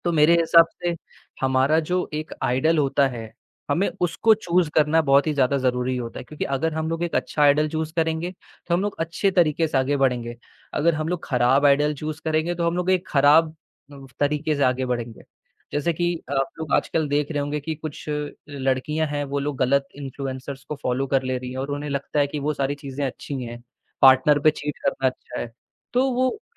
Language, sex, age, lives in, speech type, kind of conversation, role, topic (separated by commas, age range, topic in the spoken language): Hindi, male, 18-19, India, conversation, podcast, guest, सोशल मीडिया आपके पहनावे और स्टाइल को कैसे बदलता है?